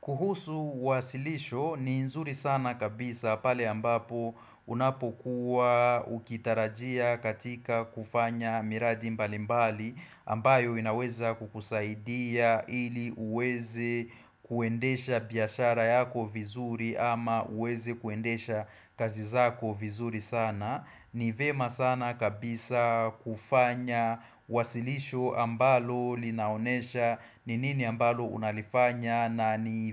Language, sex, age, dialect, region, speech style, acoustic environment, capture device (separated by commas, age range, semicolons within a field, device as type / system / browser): Swahili, male, 25-34, Kenya - Nairobi Sheng-influenced, Kenya, focused, silence, desktop / Windows / Chrome